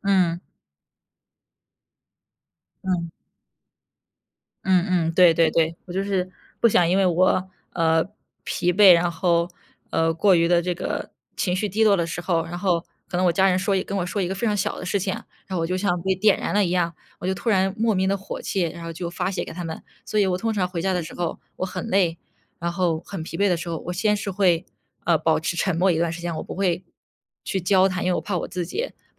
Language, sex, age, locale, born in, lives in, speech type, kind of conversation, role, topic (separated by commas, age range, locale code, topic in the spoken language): Chinese, female, 25-29, zh-CN, China, United States, conversation, advice, user, 下班后总是精疲力尽，怎么才能恢复精力？